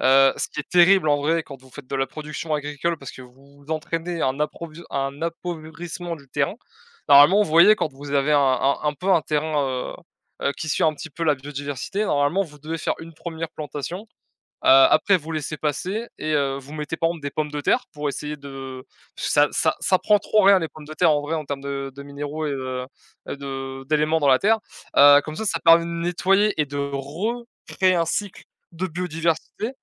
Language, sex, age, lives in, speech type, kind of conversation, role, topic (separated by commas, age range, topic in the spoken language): French, male, 20-24, France, conversation, unstructured, none, Que pensez-vous de l’impact des déchets plastiques sur la nature ?